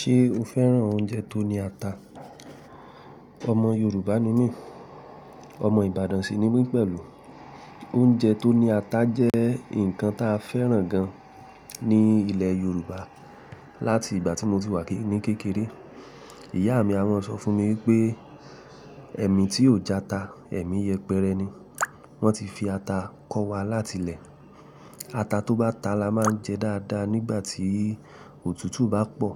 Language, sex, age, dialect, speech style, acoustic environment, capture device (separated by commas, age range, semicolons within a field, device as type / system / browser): Yoruba, male, 25-34, Nigeria - Ibadan, relaxed, appliances; silence, mobile / Linux / Chrome